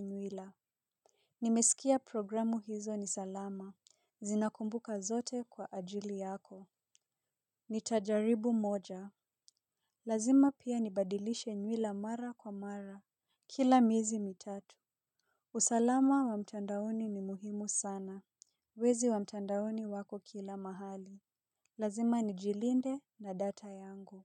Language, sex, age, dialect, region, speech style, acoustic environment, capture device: Swahili, female, 25-34, Kenya - Nairobi Sheng-influenced, Kenya, relaxed; focused, silence; people talking; nature; appliances, mobile / Linux / Chrome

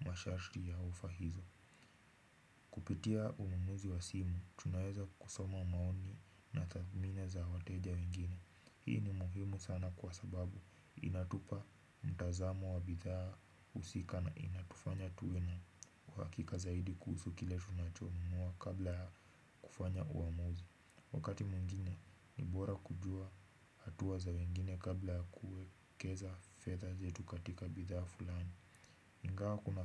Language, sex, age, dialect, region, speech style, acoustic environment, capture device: Swahili, male, 18-24, Kenya - Nairobi Sheng-influenced, Kenya, relaxed, silence, desktop / Windows / Chrome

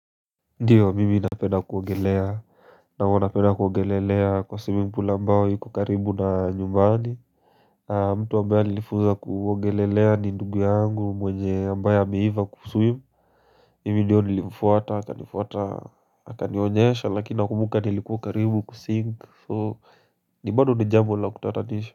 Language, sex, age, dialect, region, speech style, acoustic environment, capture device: Swahili, male, 18-24, Kenya - Nairobi Sheng-influenced, Kenya, relaxed, silence, mobile / Linux / Chrome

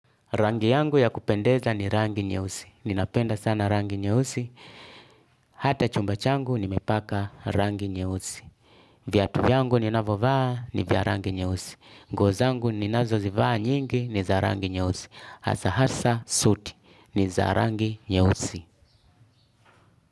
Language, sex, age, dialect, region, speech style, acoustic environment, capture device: Swahili, male, 35-44, Tanzania - Dar es Salaam, Tanzania, relaxed, music, desktop / Linux / Chrome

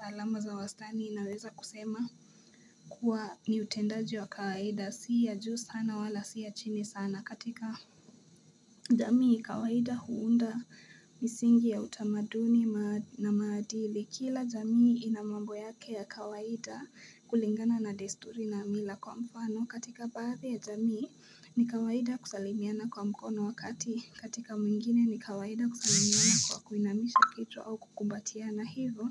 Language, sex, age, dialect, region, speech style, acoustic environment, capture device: Swahili, female, 18-24, Kenya - Nairobi Sheng-influenced, Kenya, focused, nature, mobile / Linux / Chrome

